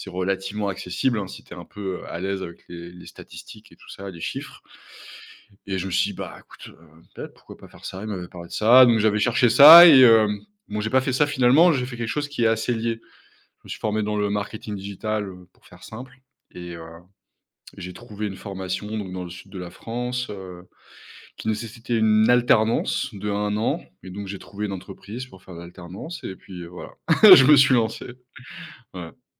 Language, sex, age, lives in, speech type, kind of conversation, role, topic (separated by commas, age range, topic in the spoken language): French, male, 30-34, France, conversation, podcast, guest, Comment sais-tu qu’il est temps de changer de travail ?